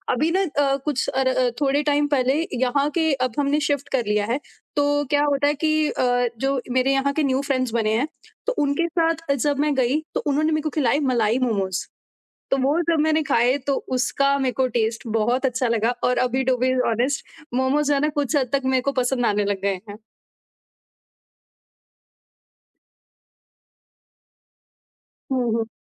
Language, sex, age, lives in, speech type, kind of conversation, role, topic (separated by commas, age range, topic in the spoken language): Hindi, female, 25-29, India, conversation, podcast, host, Street food tumhari identity ka kitna hissa hai?